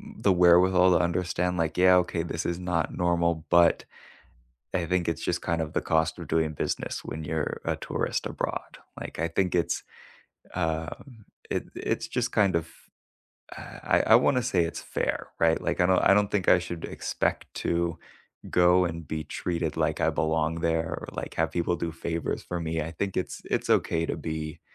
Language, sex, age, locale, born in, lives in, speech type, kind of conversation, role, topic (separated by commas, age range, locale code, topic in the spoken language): English, male, 25-29, en-US, United States, United States, conversation, unstructured, none, When was the last time you experienced unexpected kindness from a stranger while traveling?